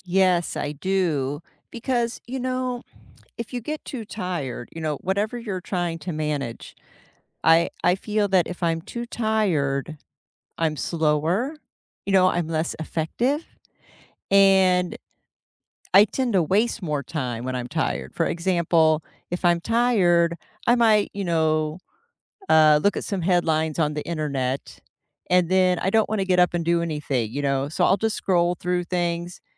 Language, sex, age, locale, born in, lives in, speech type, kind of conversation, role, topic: English, female, 55-59, en-US, United States, United States, conversation, unstructured, none, How do you find a daily balance between your time, your money, and what feels meaningful?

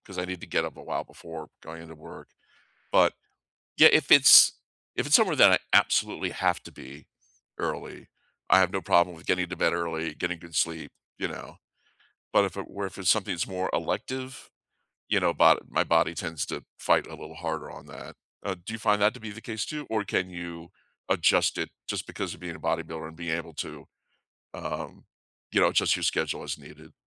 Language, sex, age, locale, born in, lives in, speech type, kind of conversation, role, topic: English, male, 55-59, en-US, United States, United States, conversation, unstructured, none, What sleep rituals have actually helped you drift off?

